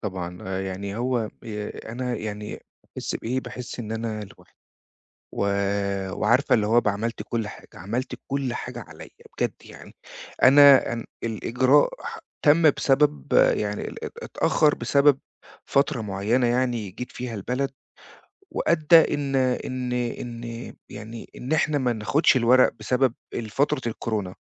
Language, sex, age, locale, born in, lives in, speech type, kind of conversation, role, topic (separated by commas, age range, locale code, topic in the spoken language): Arabic, male, 40-44, ar-EG, Egypt, Portugal, conversation, advice, user, إزاي أتعامل مع ضغط الورق والإجراءات بتاعة الانتقال؟